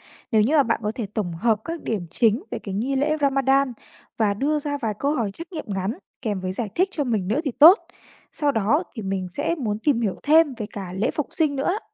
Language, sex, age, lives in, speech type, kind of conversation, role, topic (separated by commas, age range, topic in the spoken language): Vietnamese, female, 20-24, Vietnam, read, expert-assistant, asker, Làm sao tự tìm hiểu kiến thức cơ bản và nghi lễ của các tôn giáo lớn?